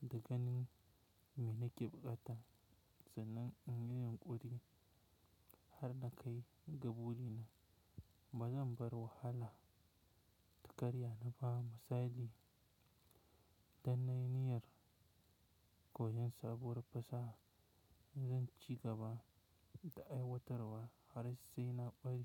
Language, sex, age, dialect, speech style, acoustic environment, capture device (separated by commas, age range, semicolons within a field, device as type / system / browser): Hausa, male, 18-24, Nigeria - Kano, relaxed, silence, mobile / Linux / Chrome